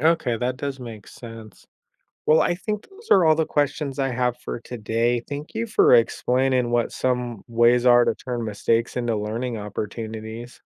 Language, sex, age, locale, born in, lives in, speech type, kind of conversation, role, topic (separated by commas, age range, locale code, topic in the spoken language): English, male, 35-39, en-US, United States, United States, read, expert-assistant, asker, How can mistakes become valuable learning opportunities?